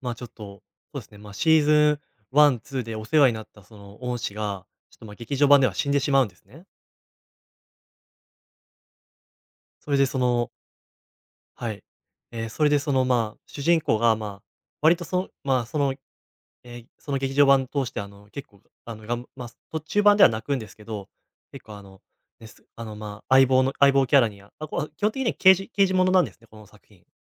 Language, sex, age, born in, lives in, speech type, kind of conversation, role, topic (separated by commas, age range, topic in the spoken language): Japanese, male, 20-24, Japan, Japan, conversation, podcast, guest, 忘れられないラストシーンは何ですか？